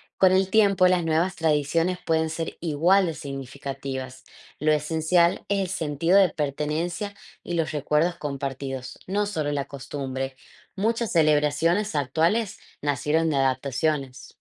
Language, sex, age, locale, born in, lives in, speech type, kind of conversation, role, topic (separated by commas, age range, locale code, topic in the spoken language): Spanish, female, 25-29, es-AR, Argentina, Netherlands, read, expert-assistant, expert, Imagina que puedes controlar el clima por un día en tu ciudad. ¿Qué harías y por qué?